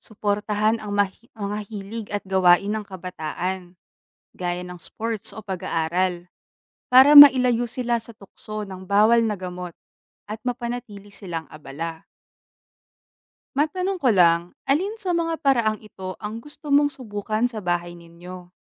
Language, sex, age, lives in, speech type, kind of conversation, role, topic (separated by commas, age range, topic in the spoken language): Filipino, female, 25-29, Philippines, read, expert-assistant, expert, Paano nakakaapekto ang pag-abuso sa bawal na gamot sa kinabukasan ng kabataan?